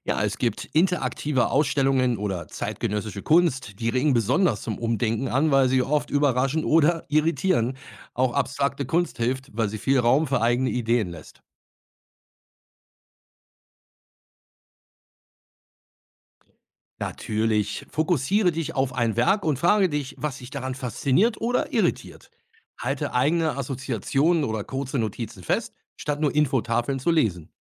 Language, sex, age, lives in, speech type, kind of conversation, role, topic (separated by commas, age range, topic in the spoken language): German, male, 50-54, Germany, read, expert-assistant, expert, Wie kann ich mit Kunst neue Perspektiven gewinnen, wenn ich feststecke?